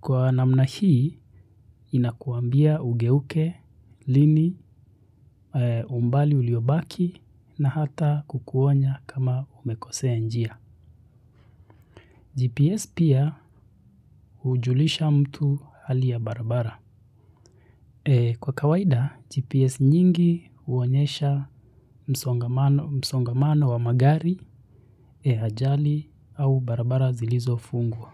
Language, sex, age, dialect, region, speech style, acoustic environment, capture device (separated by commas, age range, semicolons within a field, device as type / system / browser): Swahili, male, 25-34, Kenya - Nairobi Sheng-influenced, Kenya, relaxed, silence, mobile / Linux / Chrome